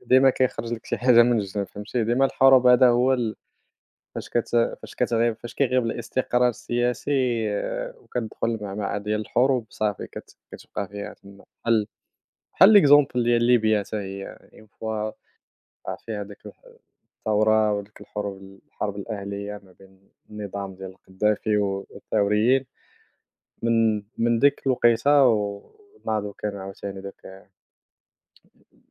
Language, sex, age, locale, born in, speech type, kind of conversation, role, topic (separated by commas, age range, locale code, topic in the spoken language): Arabic, male, 30-34, ar-MA, Morocco, conversation, unstructured, none, إزاي الحروب القديمة لسه مأثرة على حياتنا النهارده؟